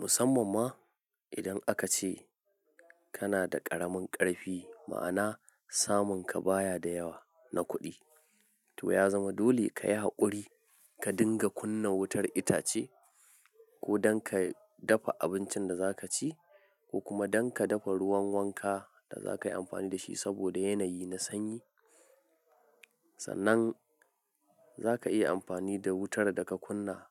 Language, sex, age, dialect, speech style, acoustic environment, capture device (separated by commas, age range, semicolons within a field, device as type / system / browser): Hausa, male, 25-34, Nigeria - Nigerian Standard, focused, people talking, mobile / Linux / Chrome